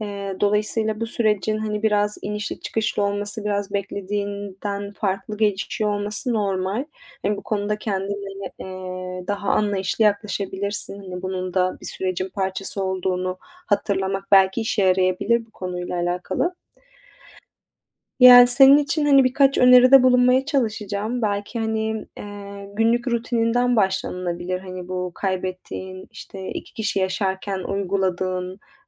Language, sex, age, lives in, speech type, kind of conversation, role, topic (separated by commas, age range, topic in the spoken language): Turkish, female, 25-29, Italy, conversation, advice, advisor, Ayrılıktan sonra özgüvenimi ve bağımsızlığımı nasıl yeniden kazanabilirim?